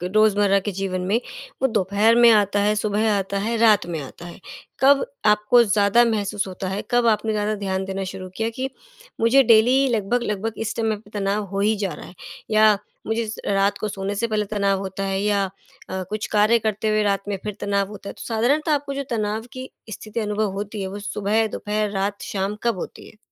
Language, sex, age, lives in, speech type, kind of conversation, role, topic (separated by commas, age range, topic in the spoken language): Hindi, female, 35-39, India, conversation, advice, advisor, तनाव के समय आपकी भूख कैसे बदलती है और आपकी खाने की आदतें कैसे गड़बड़ हो जाती हैं?